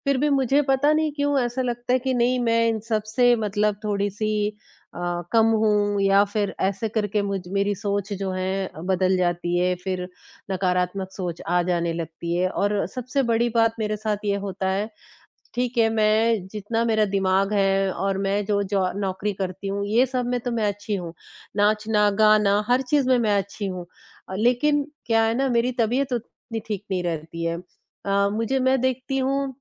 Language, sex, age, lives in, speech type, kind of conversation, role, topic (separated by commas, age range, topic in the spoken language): Hindi, female, 20-24, India, conversation, advice, user, खुद को बार-बार छोटा समझने और नकारात्मक सोच से आप कैसे निपटते हैं?